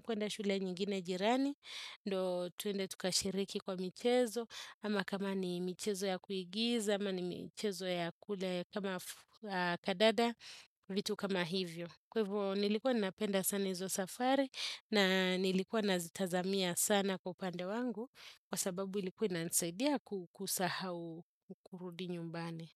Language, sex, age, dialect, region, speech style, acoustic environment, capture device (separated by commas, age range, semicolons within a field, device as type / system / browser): Swahili, female, 35-44, Kenya - Nairobi Sheng-influenced, Kenya, relaxed, silence, desktop / Windows / Chrome